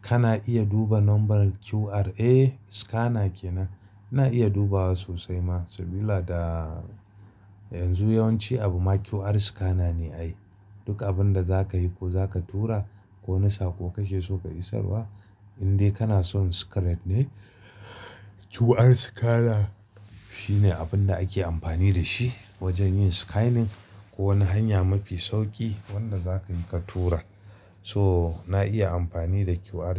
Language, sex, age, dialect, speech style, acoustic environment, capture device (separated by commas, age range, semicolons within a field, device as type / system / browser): Hausa, male, 35-44, Nigeria - Kano, happy, silence, mobile / Linux / Chrome